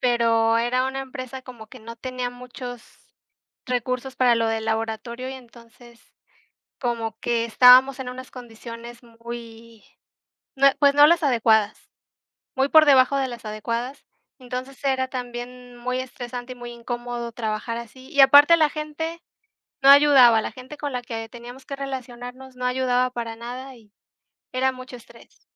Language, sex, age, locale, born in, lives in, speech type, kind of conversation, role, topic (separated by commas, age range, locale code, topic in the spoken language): Spanish, female, 25-29, es-MX, Mexico, Mexico, conversation, unstructured, none, ¿Crees que deberíamos aceptar trabajos que no nos gustan solo por dinero?